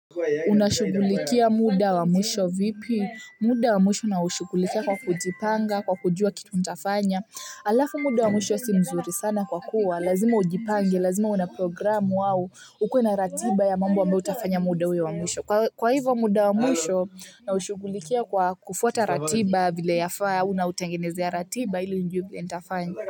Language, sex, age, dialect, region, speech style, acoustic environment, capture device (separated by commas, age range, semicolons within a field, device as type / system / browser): Swahili, female, 18-24, Kenya - Nairobi Sheng-influenced, Kenya, happy, silence, mobile / Linux / Chrome